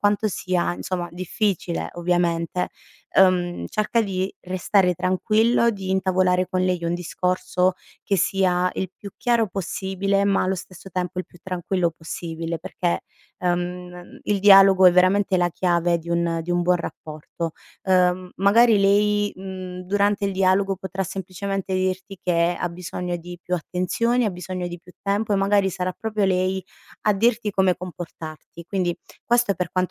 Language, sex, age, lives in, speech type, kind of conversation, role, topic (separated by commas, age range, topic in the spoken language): Italian, female, 30-34, Italy, conversation, advice, advisor, Come reagisci emotivamente quando il tuo partner critica le tue scelte?